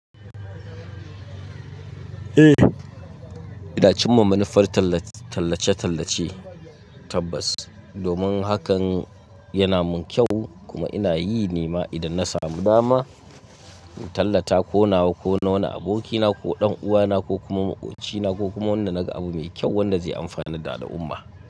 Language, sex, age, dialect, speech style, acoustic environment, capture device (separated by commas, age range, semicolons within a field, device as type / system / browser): Hausa, male, 25-34, Nigeria - Katsina, focused, silence, mobile / Linux / Chrome